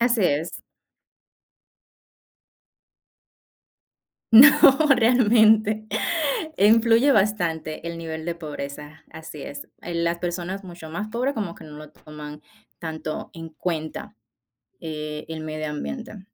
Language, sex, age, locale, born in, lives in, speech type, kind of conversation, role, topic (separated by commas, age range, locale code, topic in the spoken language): Spanish, female, 30-34, es-DO, Dominican Republic, United States, conversation, unstructured, none, ¿El cambio climático es responsabilidad de todos o solo de algunos?